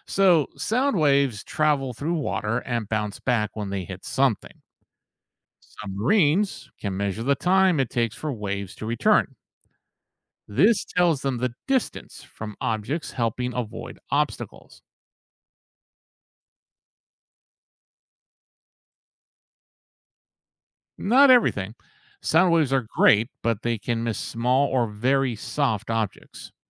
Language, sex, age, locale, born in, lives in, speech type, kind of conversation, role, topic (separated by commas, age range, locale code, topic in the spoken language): English, male, 55-59, en-US, United States, United States, read, expert-assistant, expert, How does a submarine navigate underwater?